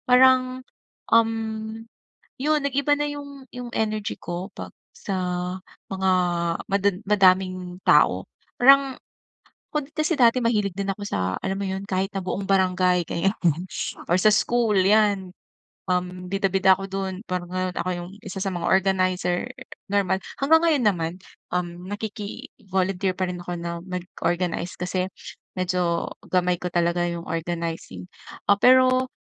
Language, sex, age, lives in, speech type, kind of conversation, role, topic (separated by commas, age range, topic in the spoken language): Filipino, female, 40-44, Philippines, conversation, advice, user, Paano ko pamamahalaan ang enerhiya ko sa mga gawaing panlipunan?